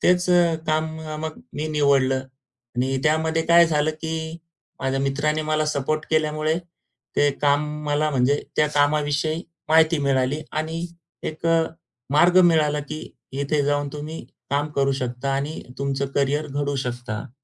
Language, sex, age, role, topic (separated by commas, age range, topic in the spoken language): Marathi, male, 35-39, guest, तुमच्या कामानं तुमची ओळख कशी घडवली आहे?